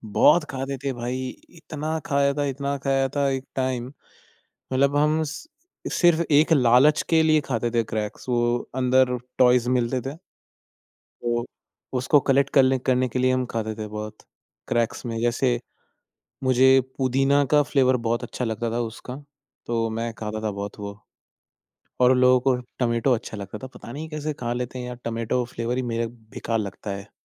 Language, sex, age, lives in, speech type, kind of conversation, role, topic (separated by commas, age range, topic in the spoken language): Hindi, male, 20-24, India, conversation, podcast, guest, Bachpan mein aapka sabse favourite snack kya tha, aur uske saath aap kaunsa khel khelte the?